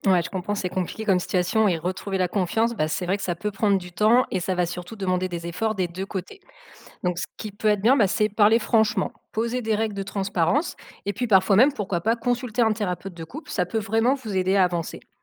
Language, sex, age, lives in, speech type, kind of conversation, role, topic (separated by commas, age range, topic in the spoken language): French, female, 35-39, France, read, expert-assistant, expert, Quelles stratégies concrètes peut-on mettre en place pour réparer la confiance après une trahison dans un couple ?